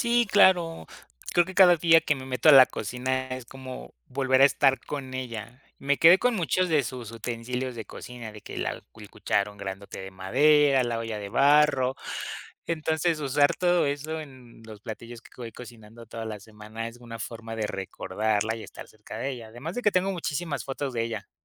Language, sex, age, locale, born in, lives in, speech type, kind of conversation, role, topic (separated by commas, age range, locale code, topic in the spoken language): Spanish, male, 25-29, es-MX, Mexico, Mexico, conversation, podcast, guest, ¿Qué plato te recuerda a tu infancia?